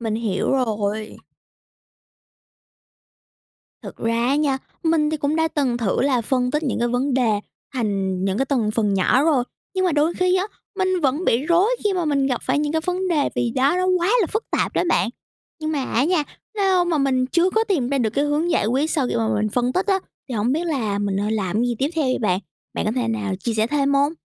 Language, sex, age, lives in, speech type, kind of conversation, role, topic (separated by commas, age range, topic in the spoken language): Vietnamese, female, 18-19, United States, read, expert-assistant, asker, Làm thế nào để phát triển kỹ năng giải quyết vấn đề